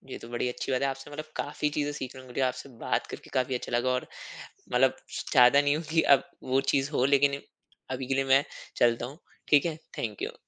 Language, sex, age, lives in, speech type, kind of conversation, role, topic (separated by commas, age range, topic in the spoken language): Hindi, male, 25-29, India, conversation, podcast, host, Kaam aur family expectations ne tumhari identity ko kaise shape kiya?